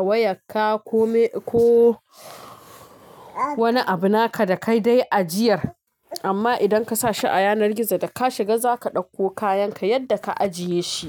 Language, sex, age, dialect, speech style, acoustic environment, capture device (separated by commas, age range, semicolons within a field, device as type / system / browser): Hausa, female, 25-34, Nigeria - Kano, happy, traffic, mobile / Linux / Chrome